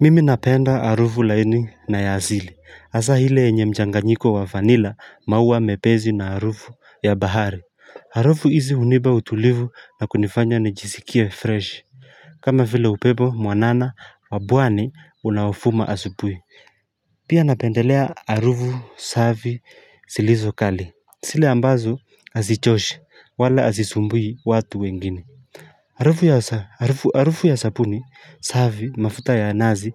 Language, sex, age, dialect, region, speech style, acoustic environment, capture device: Swahili, male, 25-34, Kenya - Mombasa, Kenya, relaxed, nature, mobile / Linux / Chrome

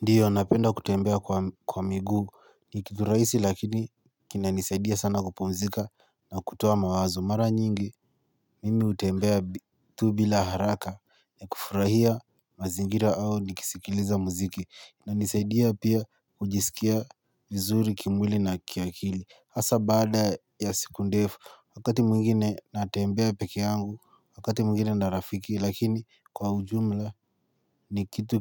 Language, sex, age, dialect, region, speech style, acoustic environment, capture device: Swahili, male, 18-24, Kenya - Nairobi Sheng-influenced, Kenya, relaxed, silence, mobile / Linux / Chrome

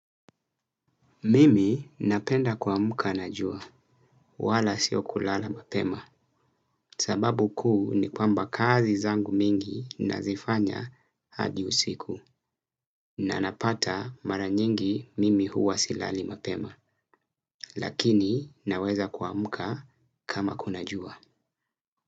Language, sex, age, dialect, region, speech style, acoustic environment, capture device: Swahili, male, 25-34, Kenya - Mombasa, Kenya, relaxed, silence, desktop / Windows / Chrome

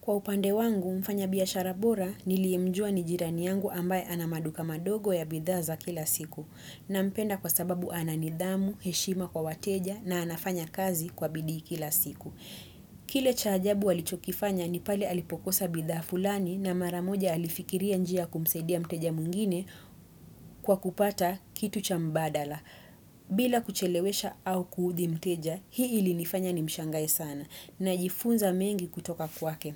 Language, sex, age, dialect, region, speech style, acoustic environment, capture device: Swahili, female, 25-34, Kenya - Nairobi Sheng-influenced, Kenya, happy, silence, desktop / Windows / Chrome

